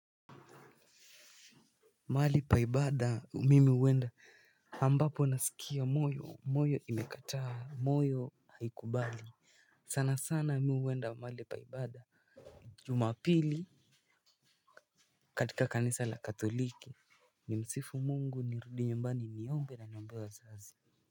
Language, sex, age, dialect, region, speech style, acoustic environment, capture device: Swahili, male, 18-24, Kenya - Nairobi Sheng-influenced, Kenya, relaxed, music, mobile / Linux / Chrome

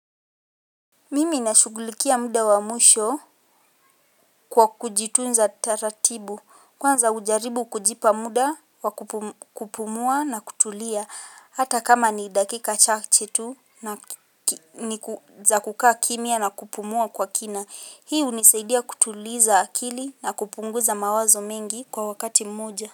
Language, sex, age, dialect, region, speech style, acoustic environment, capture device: Swahili, female, 18-24, Kenya - Nairobi Sheng-influenced, Kenya, tired, silence, mobile / Linux / Chrome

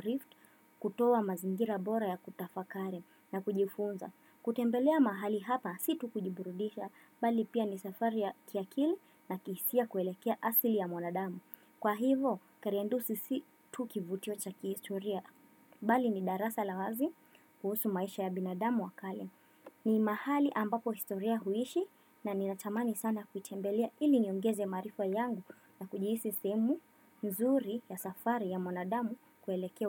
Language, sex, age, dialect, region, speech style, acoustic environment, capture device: Swahili, female, 18-24, Kenya - Mombasa, Kenya, excited, silence, desktop / Windows / Firefox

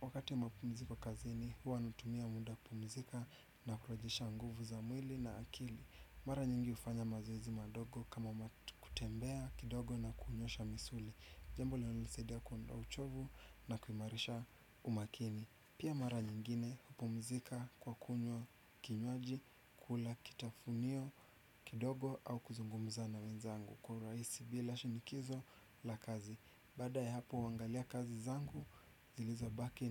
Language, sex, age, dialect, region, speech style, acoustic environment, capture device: Swahili, male, 25-34, Kenya - Nairobi Sheng-influenced, Kenya, anxious; relaxed; nervous, construction; appliances; nature; music, desktop / Windows / Chrome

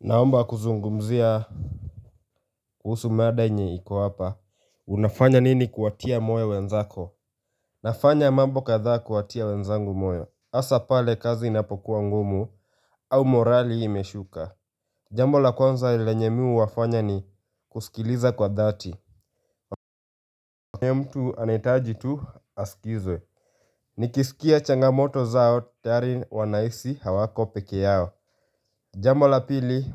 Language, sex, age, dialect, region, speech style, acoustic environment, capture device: Swahili, male, 18-24, Kenya - Nairobi Sheng-influenced, Kenya, focused; relaxed, nature; silence, mobile / Linux / Chrome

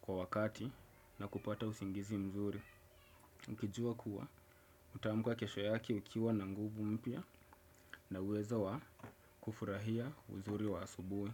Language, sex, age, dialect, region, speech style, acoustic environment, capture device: Swahili, male, 25-34, Kenya - Mombasa, Kenya, happy; focused; excited; relaxed, silence, desktop / Windows / Chrome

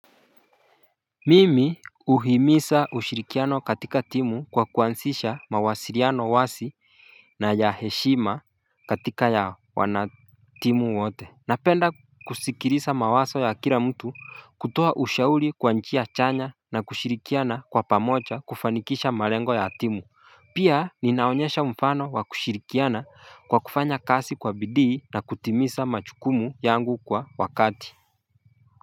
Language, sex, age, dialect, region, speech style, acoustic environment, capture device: Swahili, male, 25-34, Kenya - Mombasa, Kenya, happy, nature, mobile / Linux / Chrome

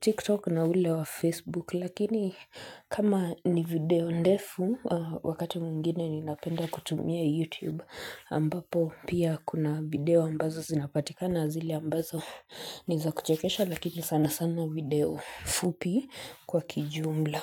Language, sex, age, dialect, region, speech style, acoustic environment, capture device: Swahili, female, 25-34, Kenya - Nairobi Sheng-influenced, Kenya, relaxed; focused, silence, mobile / Linux / Chrome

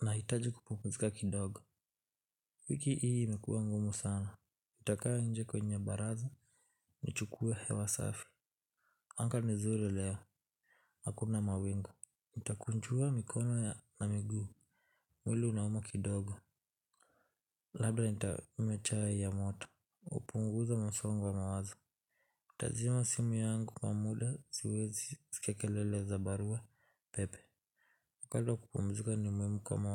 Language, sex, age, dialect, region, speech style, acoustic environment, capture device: Swahili, male, 18-24, Kenya - Nairobi Sheng-influenced, Kenya, tired, silence, mobile / Linux / Chrome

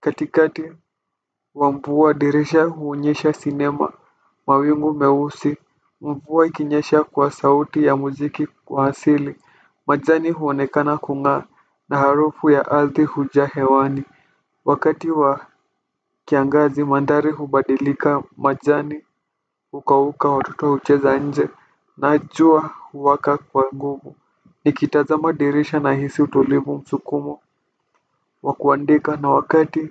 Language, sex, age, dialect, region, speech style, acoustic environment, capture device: Swahili, male, 18-24, Kenya - Nairobi Sheng-influenced, Kenya, relaxed, nature, desktop / Windows / Firefox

